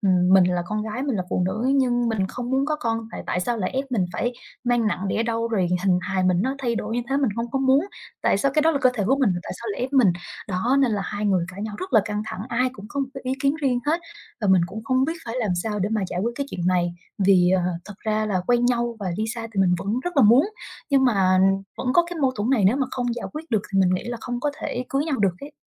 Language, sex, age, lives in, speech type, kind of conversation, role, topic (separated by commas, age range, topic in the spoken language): Vietnamese, female, 25-29, Vietnam, conversation, advice, user, Bạn và người ấy khác nhau thế nào về việc có muốn có con hay không?